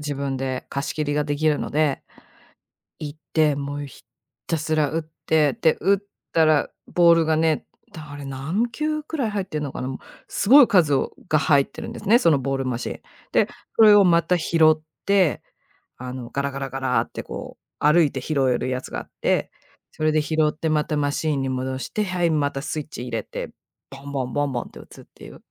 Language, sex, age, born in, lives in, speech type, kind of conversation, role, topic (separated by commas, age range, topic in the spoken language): Japanese, female, 45-49, Japan, United States, conversation, podcast, guest, 普段、どうやってストレスを発散していますか？